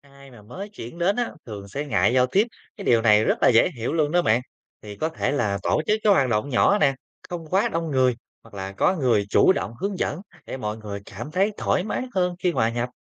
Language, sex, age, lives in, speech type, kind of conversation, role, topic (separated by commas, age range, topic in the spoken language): Vietnamese, male, 25-29, Vietnam, read, expert-assistant, expert, Sự phát triển đô thị nhanh ảnh hưởng thế nào đến sự gắn kết cộng đồng?